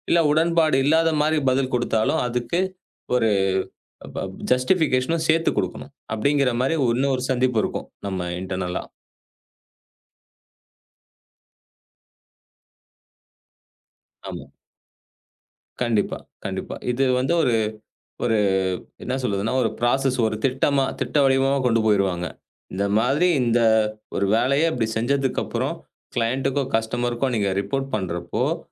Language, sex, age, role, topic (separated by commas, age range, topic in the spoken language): Tamil, male, 35-39, guest, மீட்டிங் முடிந்ததும் நீங்கள் எப்படித் தொடர்பு கொள்வீர்கள்?